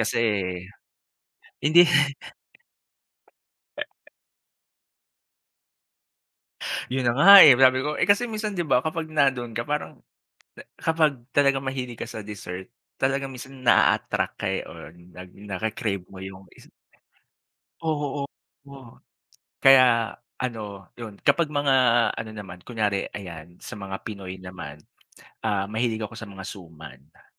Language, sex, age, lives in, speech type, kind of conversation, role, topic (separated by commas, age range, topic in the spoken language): Filipino, male, 40-44, Philippines, conversation, unstructured, none, Ano ang paborito mong panghimagas at bakit?